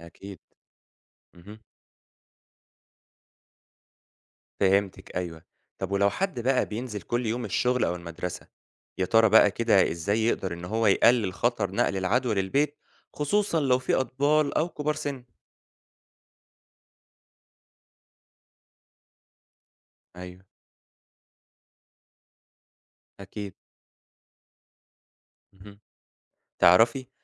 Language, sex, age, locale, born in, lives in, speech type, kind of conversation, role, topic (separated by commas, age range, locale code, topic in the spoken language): Arabic, male, 25-29, ar-EG, Egypt, Egypt, read, expert-assistant, asker, فيه أسباب معينة بتخلي بعض المناطق في مصر ينتشر فيها فيروس كورونا أو الأمراض المعدية أكتر من مناطق تانية؟